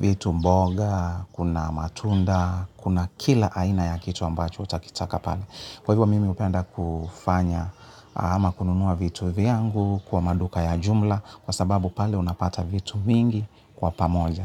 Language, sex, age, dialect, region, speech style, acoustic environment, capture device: Swahili, male, 35-44, Kenya - Nairobi Sheng-influenced, Kenya, happy; relaxed; focused; excited, people talking; music; appliances, mobile / Linux / Chrome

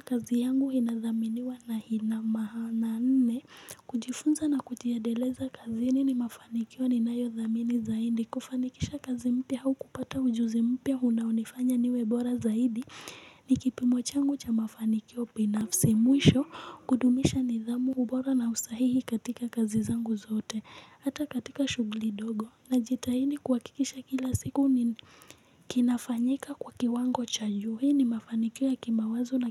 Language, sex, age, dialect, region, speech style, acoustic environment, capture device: Swahili, female, 18-24, Kenya - Nairobi Sheng-influenced, Kenya, excited; focused, people talking; music; nature, mobile / Linux / Chrome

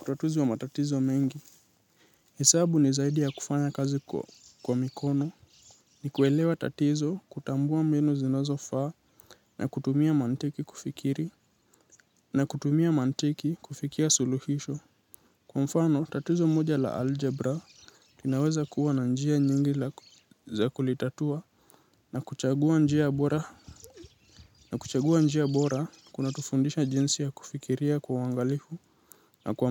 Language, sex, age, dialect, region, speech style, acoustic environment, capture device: Swahili, male, 18-24, Kenya - Nairobi Sheng-influenced, Kenya, anxious; excited; nervous, nature, mobile / Linux / Chrome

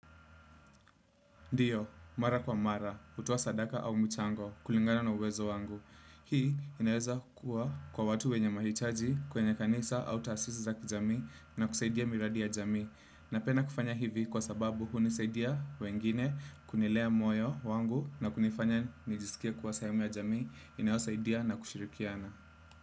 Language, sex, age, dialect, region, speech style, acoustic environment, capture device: Swahili, male, 25-34, Kenya - Nairobi Sheng-influenced, Kenya, focused; relaxed; happy, nature; silence, desktop / Windows / Chrome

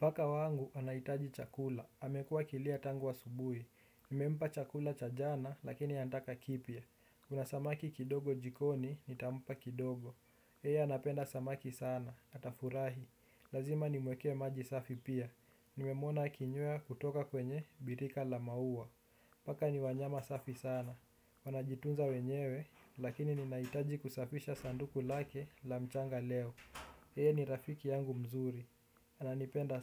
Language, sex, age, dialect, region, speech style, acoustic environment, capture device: Swahili, male, 18-24, Kenya - Nairobi Sheng-influenced, Kenya, relaxed, nature; people talking, desktop / Windows / Chrome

